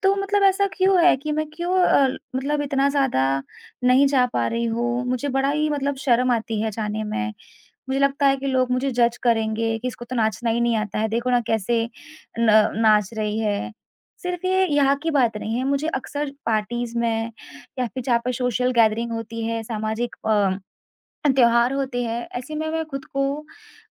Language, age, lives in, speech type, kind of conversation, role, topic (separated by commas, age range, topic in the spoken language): Hindi, 25-29, India, conversation, advice, user, भीड़ में नए लोगों से बात करते समय मेरा आत्मविश्वास क्यों कम हो जाता है?